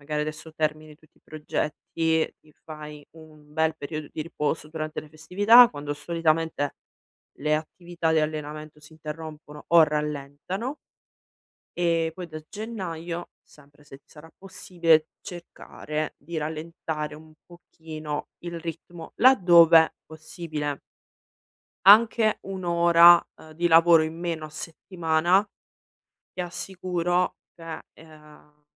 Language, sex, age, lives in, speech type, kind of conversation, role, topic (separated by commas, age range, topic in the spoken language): Italian, female, 35-39, Italy, conversation, advice, advisor, Come posso gestire l’esaurimento che sto vivendo come fondatore?